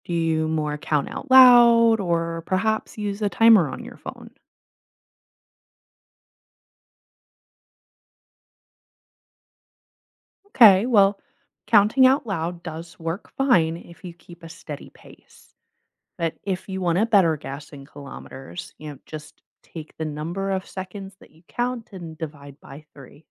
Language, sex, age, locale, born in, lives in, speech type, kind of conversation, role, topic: English, female, 25-29, en-US, United States, United States, read, expert-assistant, expert, Why do lightning and thunder occur together but seem different to us during storms?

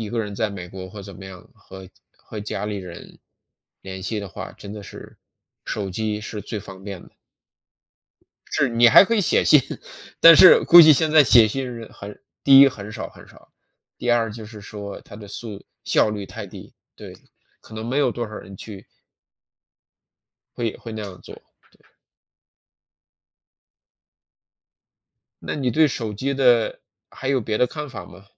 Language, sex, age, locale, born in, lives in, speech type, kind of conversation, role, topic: Chinese, male, 40-44, zh-CN, China, United States, conversation, unstructured, none, 你觉得智能手机让生活变得更好吗？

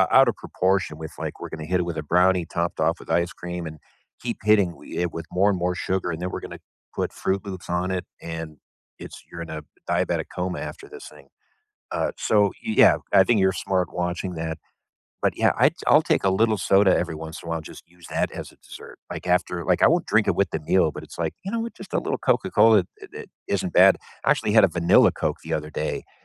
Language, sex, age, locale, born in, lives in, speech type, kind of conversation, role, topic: English, male, 45-49, en-US, United States, United States, conversation, unstructured, none, What comfort food instantly makes you feel at home?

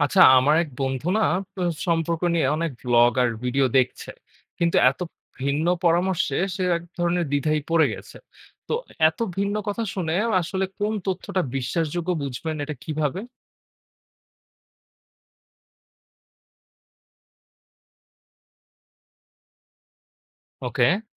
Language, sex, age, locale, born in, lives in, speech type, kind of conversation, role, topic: Bengali, male, 20-24, bn-BD, Bangladesh, Bangladesh, read, expert-assistant, asker, রোমান্টিক সম্পর্কে কীভাবে জানা যায় কোন তথ্য বা গল্পগুলো সত্যি ও বিশ্বাসযোগ্য?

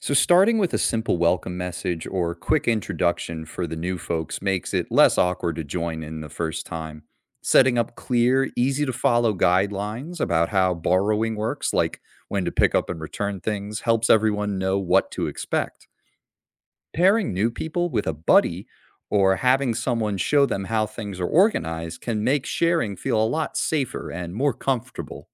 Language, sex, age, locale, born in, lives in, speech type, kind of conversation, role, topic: English, male, 25-29, en-US, United States, United States, read, expert-assistant, expert, How could neighborhoods improve by sharing tools and equipment?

